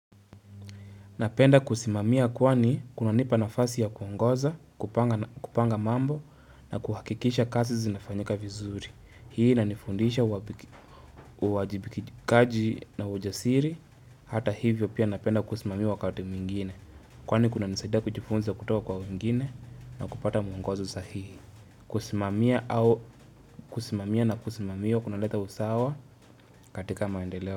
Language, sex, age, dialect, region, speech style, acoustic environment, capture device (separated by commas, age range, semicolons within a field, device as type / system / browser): Swahili, male, 25-34, Kenya - Nairobi Sheng-influenced, Kenya, focused, nature, mobile / Linux / Chrome